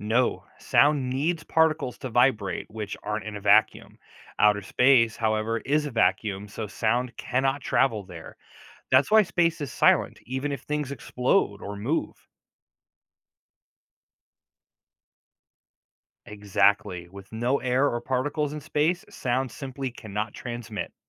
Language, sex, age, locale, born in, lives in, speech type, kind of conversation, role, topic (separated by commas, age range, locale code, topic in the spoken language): English, male, 40-44, en-US, United States, United States, read, expert-assistant, expert, How do sound waves travel through different mediums?